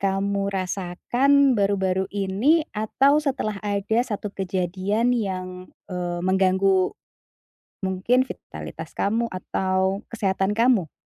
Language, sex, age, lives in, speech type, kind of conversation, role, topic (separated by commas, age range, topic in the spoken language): Indonesian, female, 40-44, Indonesia, conversation, advice, advisor, Bagaimana cara menjaga konsistensi dengan menetapkan tujuan sederhana saat saya mudah kehilangan motivasi?